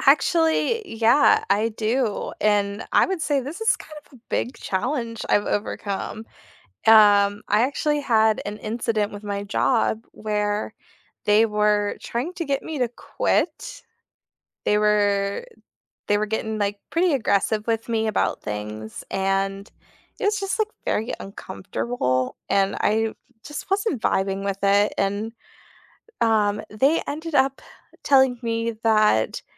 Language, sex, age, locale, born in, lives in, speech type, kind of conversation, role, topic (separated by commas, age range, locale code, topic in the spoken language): English, female, 25-29, en-US, United States, United States, conversation, unstructured, none, What challenge did you overcome this month, big or small?